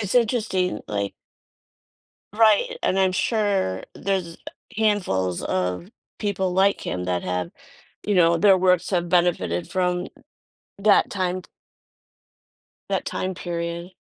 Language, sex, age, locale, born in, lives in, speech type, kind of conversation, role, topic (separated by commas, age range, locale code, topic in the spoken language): English, female, 50-54, en-US, United States, United States, conversation, podcast, host, How did making information more accessible transform society and culture?